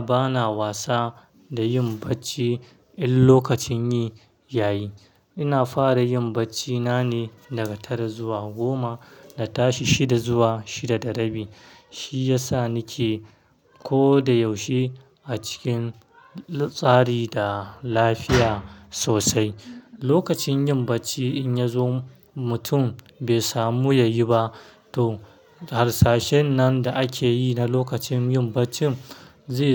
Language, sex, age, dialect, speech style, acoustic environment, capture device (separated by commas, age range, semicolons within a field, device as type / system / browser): Hausa, male, 25-34, Nigeria - Kano, happy; stressed, traffic; silence, mobile / Linux / Chrome